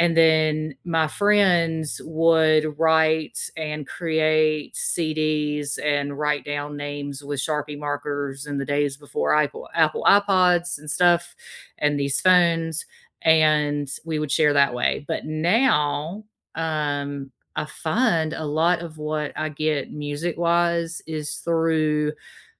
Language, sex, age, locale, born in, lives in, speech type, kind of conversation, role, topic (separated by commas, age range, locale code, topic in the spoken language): English, female, 40-44, en-US, United States, United States, conversation, unstructured, none, How do you discover new music through playlists, algorithms, or friends?